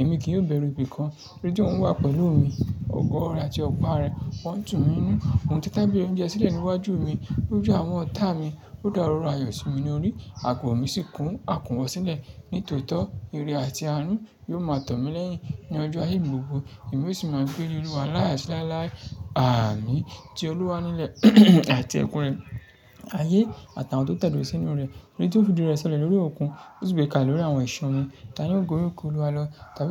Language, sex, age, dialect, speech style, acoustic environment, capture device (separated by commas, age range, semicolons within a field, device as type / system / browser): Yoruba, male, 25-34, Nigeria - Lagos Yoruba, happy, nature, mobile / Linux / Chrome